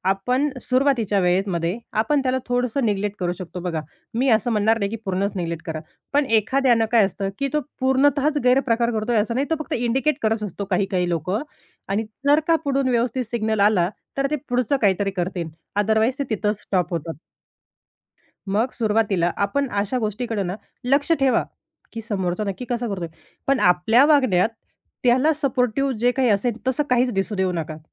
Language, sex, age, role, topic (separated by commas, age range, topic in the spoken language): Marathi, female, 30-34, guest, स्त्रियांनी एकट्याने प्रवास करताना तुम्ही कोणते मार्गदर्शन द्याल?